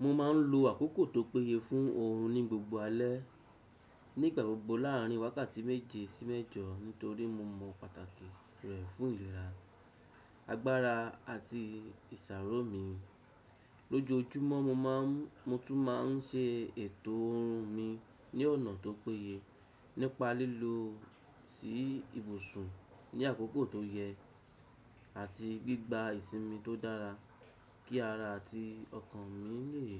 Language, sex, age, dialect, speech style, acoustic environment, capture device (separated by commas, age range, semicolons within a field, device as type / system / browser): Yoruba, male, 35-44, Nigeria - Lagos Yoruba, happy, silence, desktop / Windows / Chrome